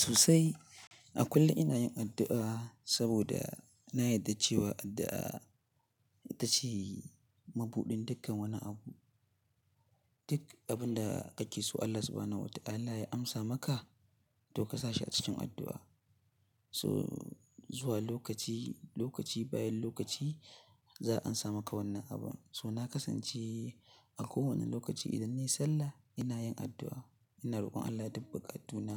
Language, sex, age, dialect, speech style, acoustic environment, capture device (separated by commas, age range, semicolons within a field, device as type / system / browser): Hausa, male, 18-24, Nigeria - Katsina, nervous, silence, mobile / Linux / Chrome